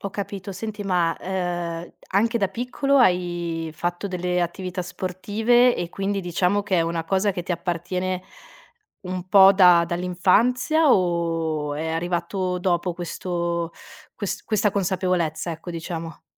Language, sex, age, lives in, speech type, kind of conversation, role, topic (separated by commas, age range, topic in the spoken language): Italian, female, 30-34, Italy, conversation, podcast, host, Come trasformi l'attività fisica in un'abitudine sostenibile?